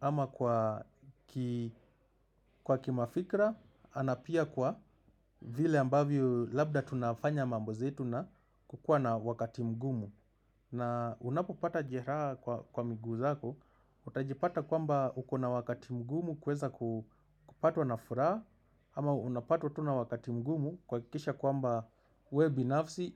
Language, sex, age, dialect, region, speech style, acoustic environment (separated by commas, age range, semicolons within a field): Swahili, male, 25-34, Kenya - Nairobi Sheng-influenced, Kenya, happy, silence